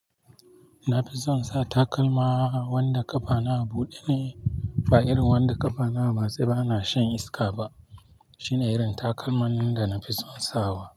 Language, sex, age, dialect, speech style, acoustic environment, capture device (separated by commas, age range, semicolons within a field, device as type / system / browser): Hausa, male, 25-34, Nigeria - Nigerian Standard, relaxed, silence, mobile / Linux / Chrome